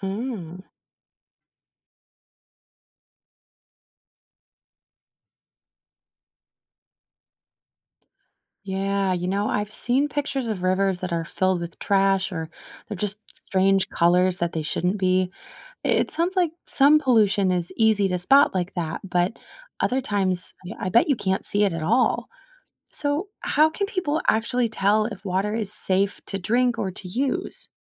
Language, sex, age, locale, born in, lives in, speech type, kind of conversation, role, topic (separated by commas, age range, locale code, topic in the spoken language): English, female, 30-34, en-US, United States, United States, read, expert-assistant, asker, Why is clean water important for everyone?